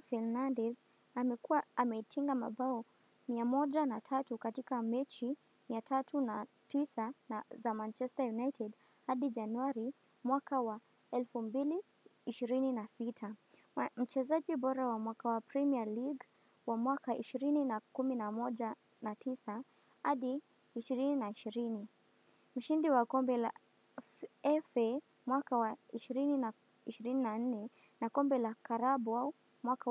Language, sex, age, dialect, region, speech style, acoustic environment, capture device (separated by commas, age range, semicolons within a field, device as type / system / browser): Swahili, female, 18-24, Kenya - Mombasa, Kenya, happy, nature, desktop / Windows / Firefox